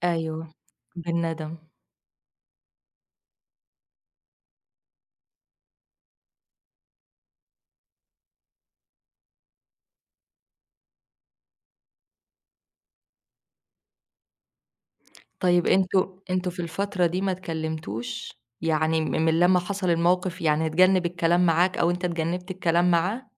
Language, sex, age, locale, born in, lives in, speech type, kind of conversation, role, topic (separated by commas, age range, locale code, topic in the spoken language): Arabic, female, 25-29, ar-EG, Egypt, Egypt, conversation, advice, advisor, إزاي أعتذر لصاحبي بعد خناقة جامدة، ولا أوضح له موقفي الأول؟